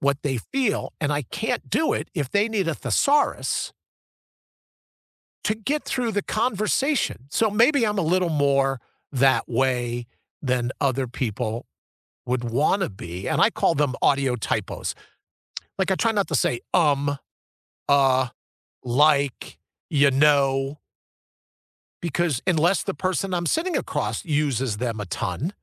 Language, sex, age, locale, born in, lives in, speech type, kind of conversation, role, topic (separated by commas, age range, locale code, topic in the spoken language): English, male, 60-64, en-US, United States, United States, conversation, unstructured, none, Have you ever had to convince someone to accept your point of view?